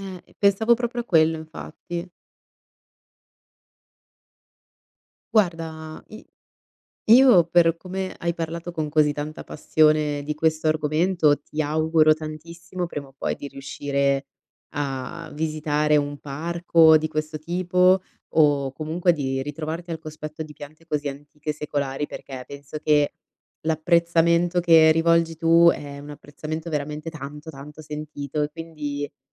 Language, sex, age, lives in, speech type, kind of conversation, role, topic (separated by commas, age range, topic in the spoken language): Italian, female, 25-29, Italy, conversation, podcast, host, Cosa impari osservando il ciclo di vita delle piante?